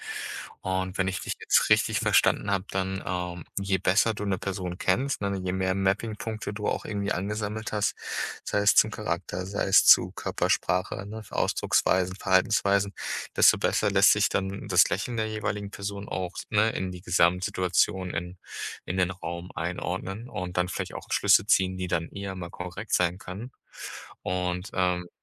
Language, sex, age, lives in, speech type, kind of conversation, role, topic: German, male, 30-34, Germany, conversation, podcast, host, Woran erkennst du, ob ein Lächeln echt oder nur gespielt ist?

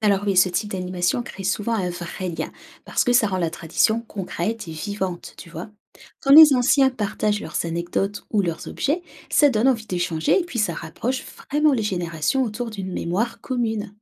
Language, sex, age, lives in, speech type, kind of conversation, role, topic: French, female, 30-34, France, read, expert-assistant, expert, Pourquoi des traditions locales disparaissent-elles parfois quand une région s’ouvre davantage au commerce mondial ?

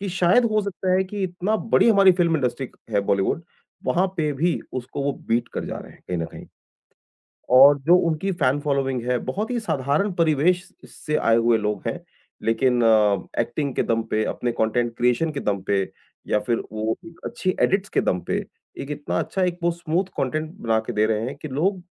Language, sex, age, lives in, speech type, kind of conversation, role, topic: Hindi, male, 40-44, India, conversation, podcast, guest, Social media se break lena creativity ke liye zaroori hai kya?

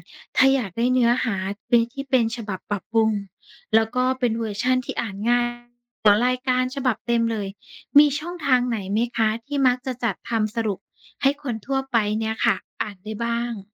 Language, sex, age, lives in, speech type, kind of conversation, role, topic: Thai, female, 35-39, Thailand, read, expert-assistant, asker, ถ้าอยากเข้าใจเรื่องโลกร้อนแบบลึกซึ้ง ควรเริ่มหาความรู้จากที่ไหนก่อนดี?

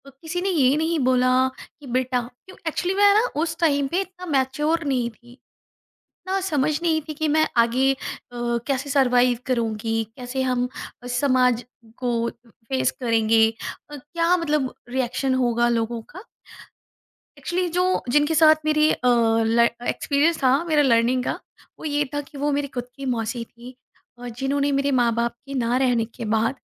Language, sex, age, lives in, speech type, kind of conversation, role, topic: Hindi, female, 35-39, India, conversation, podcast, guest, Tumhara lifelong learning ka safar kaisa raha hai?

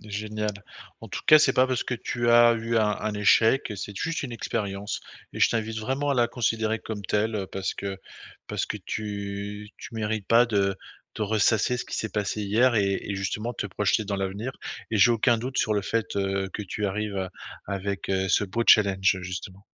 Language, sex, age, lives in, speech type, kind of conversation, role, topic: French, male, 50-54, France, conversation, advice, advisor, Comment puis-je arrêter d’avoir peur d’échouer à nouveau et retrouver confiance après un échec ?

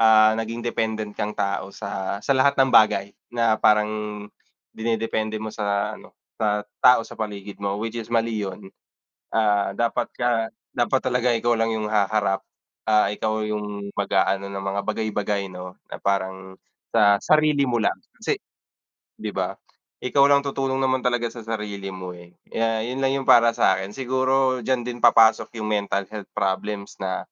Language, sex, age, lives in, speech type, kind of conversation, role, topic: Filipino, male, 30-34, Philippines, conversation, unstructured, none, Ano ang pananaw mo sa kahalagahan ng pagtitiwala sa sarili?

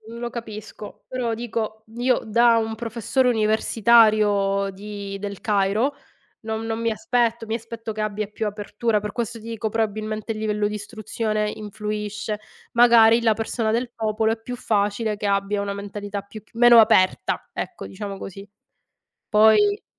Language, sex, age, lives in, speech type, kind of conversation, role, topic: Italian, female, 40-44, Italy, conversation, unstructured, none, Come pensi che la religione influenzi le leggi nella nostra società?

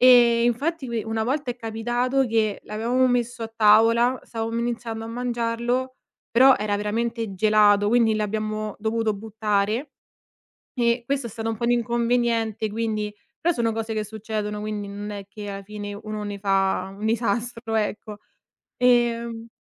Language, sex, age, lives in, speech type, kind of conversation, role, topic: Italian, female, 25-29, Italy, conversation, podcast, guest, Qual è una cena che hai preparato per altre persone e che ricordi bene?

